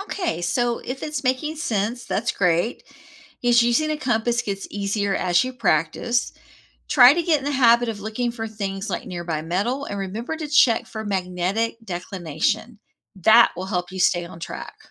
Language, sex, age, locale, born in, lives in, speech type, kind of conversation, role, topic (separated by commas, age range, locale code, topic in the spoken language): English, female, 50-54, en-US, United States, United States, read, expert-assistant, expert, How does a compass always point north?